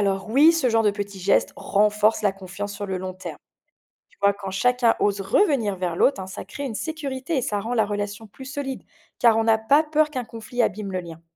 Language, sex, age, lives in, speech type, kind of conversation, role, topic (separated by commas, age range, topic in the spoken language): French, female, 45-49, France, read, expert-assistant, expert, Quelles stratégies peut-on mettre en place pour éviter que de petits désaccords dans un couple ne dégénèrent en conflits majeurs ?